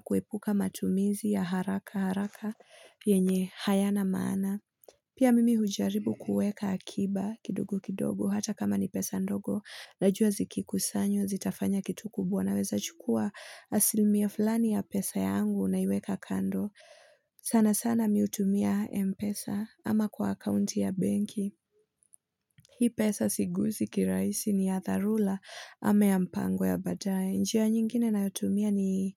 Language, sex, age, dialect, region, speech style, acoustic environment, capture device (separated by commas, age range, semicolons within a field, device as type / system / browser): Swahili, female, 25-34, Kenya - Nairobi Sheng-influenced, Kenya, happy, silence, mobile / Linux / Chrome